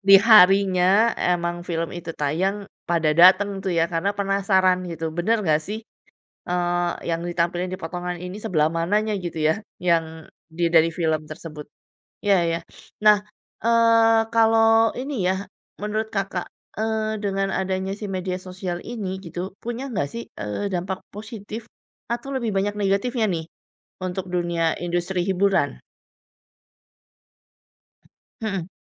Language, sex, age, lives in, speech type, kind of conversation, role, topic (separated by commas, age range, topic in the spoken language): Indonesian, female, 40-44, Indonesia, conversation, podcast, host, Bagaimana menurutmu media sosial memengaruhi selera hiburan anak muda?